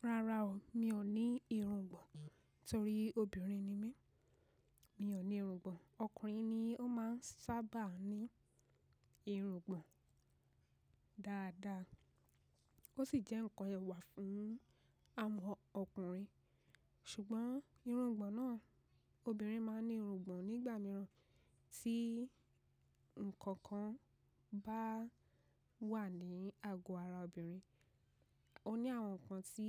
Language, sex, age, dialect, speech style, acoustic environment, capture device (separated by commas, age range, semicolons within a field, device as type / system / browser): Yoruba, female, 25-34, Nigeria - Ibadan, relaxed; focused, nature, mobile / macOS / Safari